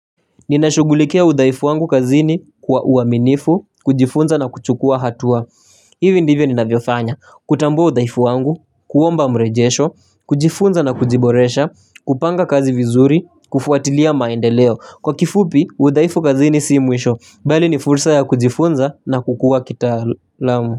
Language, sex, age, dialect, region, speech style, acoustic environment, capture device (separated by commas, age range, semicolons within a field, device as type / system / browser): Swahili, male, 18-24, Kenya - Nairobi Sheng-influenced, Kenya, happy, nature, mobile / Linux / Chrome